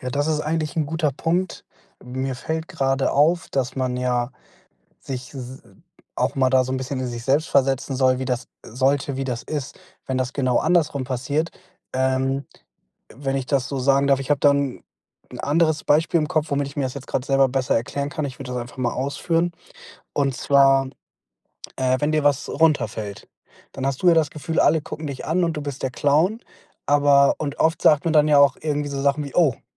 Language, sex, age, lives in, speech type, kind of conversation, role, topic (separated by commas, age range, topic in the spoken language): German, male, 25-29, Germany, conversation, advice, user, Wie finde ich den Mut, authentisch zu handeln?